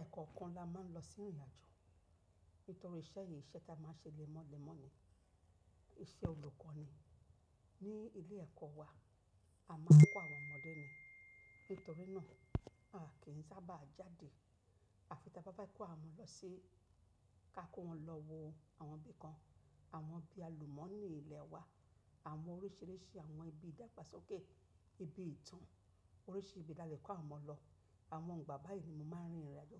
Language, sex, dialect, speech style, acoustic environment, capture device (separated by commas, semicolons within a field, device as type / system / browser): Yoruba, female, Nigeria - Lagos Yoruba, relaxed; happy; focused, nature; silence, mobile / macOS / Safari